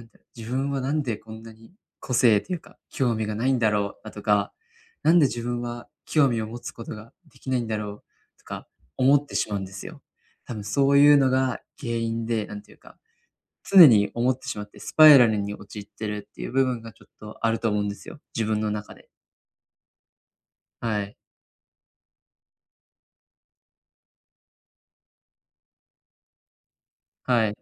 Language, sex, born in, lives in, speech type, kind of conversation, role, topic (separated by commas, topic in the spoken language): Japanese, male, Japan, Japan, conversation, advice, user, 情熱や興味が見つからないとき、どうすれば没頭できるものを見つけられますか？